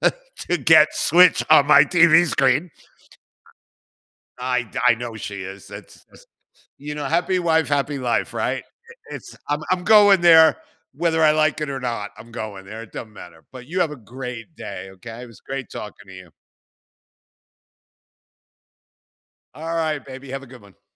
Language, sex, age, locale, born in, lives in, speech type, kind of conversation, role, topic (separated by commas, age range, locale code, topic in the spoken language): English, male, 60-64, en-US, United States, United States, conversation, unstructured, none, Which movie, game, or TV soundtracks do you loop for focus or relaxation, and why?